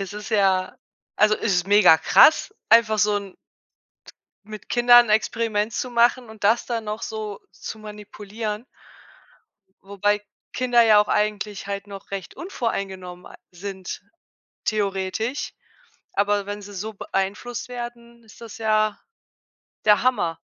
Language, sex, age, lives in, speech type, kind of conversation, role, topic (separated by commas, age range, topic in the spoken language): German, female, 35-39, Germany, conversation, podcast, host, Wie würdest du dein Lieblingsbuch beschreiben, und warum ist es dein Lieblingsbuch?